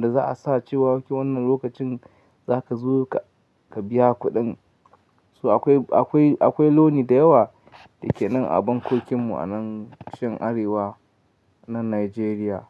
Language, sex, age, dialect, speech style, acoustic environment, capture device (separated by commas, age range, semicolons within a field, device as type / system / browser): Hausa, male, 25-34, Nigeria - Katsina, happy; excited, silence, mobile / Linux / Chrome